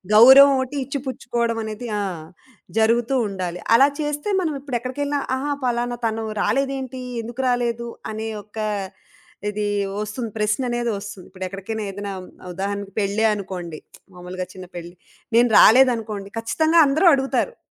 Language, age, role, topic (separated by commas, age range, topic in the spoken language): Telugu, 35-39, guest, మీ ఇంటికి వచ్చిన అతిథులను మీరు సాధారణంగా ఎలా ఆదరిస్తారు?